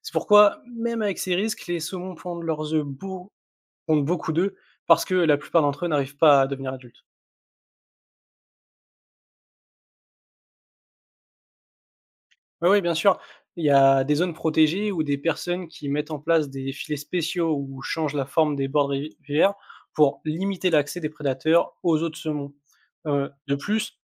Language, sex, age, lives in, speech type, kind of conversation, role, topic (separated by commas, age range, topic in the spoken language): French, male, 20-24, France, read, expert-assistant, expert, Comment les saumons remontent-ils les rivières pour pondre ?